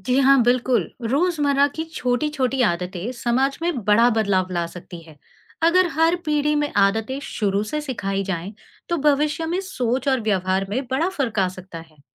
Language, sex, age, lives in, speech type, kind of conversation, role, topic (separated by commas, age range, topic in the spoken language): Hindi, female, 40-44, India, read, expert-assistant, expert, क्या पेड़-पौधों और जानवरों के साथ हमारा नैतिक रिश्ता होना चाहिए?